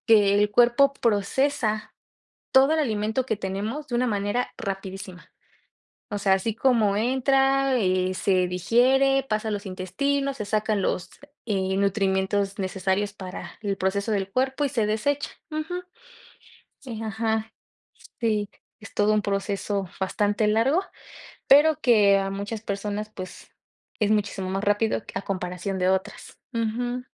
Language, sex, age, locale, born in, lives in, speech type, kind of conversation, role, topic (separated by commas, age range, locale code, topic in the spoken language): Spanish, female, 25-29, es-MX, Mexico, Mexico, conversation, unstructured, none, ¿Crees que el ejercicio puede mejorar tu estado de ánimo?